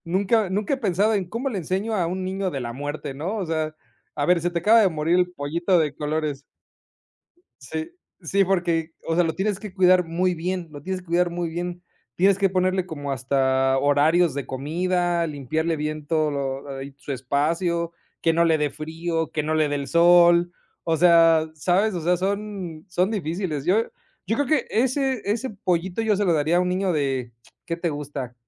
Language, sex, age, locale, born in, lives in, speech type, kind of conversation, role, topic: Spanish, male, 30-34, es-MX, Mexico, Mexico, conversation, unstructured, none, ¿Cómo puede una mascota enseñarles responsabilidad a los niños?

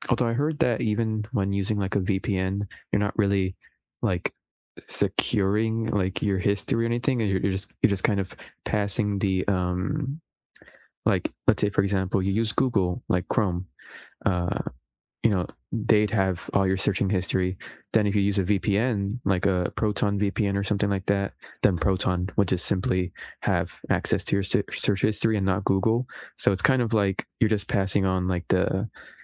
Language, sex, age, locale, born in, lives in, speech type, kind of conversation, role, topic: English, male, 20-24, en-US, United States, United States, conversation, unstructured, none, How do you feel about companies tracking your online activity?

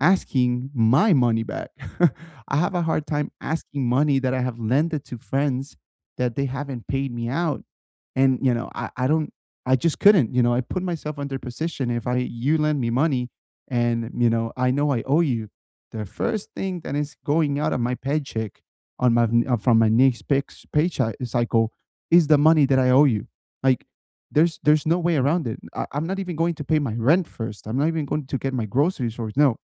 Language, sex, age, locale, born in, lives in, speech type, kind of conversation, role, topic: English, male, 30-34, en-US, United States, United States, conversation, unstructured, none, What is the best way to save money each month?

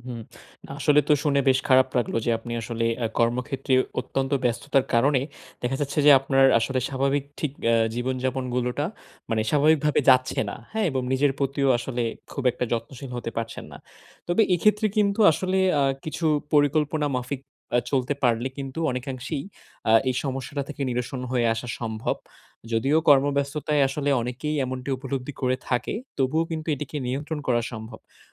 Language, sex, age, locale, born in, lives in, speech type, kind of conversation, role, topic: Bengali, male, 20-24, bn-BD, Bangladesh, Bangladesh, conversation, advice, advisor, ব্যস্ত জীবনে আমি কীভাবে নিয়মিত নিজের যত্ন বজায় রাখতে পারি?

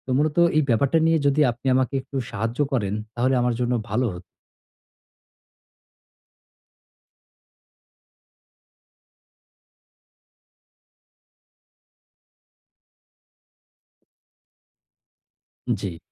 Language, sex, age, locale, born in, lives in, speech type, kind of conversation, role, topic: Bengali, male, 35-39, bn-BD, Bangladesh, Bangladesh, conversation, advice, user, দৈনন্দিন কার্যগুলো গুছিয়ে সময়মতো শেষ করতে আমি কীভাবে সময় ব্যবস্থাপনা ও দক্ষতা বাড়াতে পারি?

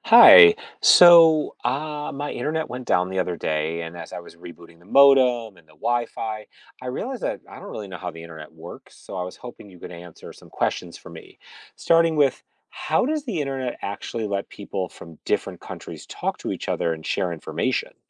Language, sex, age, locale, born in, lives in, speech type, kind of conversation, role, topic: English, male, 45-49, en-US, United States, United States, read, expert-assistant, asker, How does the internet work and connect people worldwide?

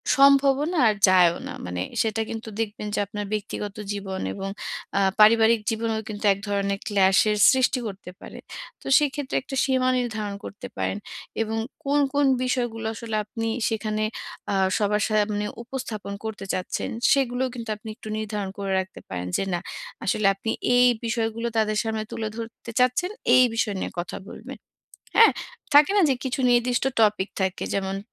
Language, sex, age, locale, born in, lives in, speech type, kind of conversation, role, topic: Bengali, female, 30-34, bn-BD, Bangladesh, Bangladesh, conversation, advice, advisor, অনলাইনে কীভাবে নিজের আসল সত্তা বজায় রাখতে পারি?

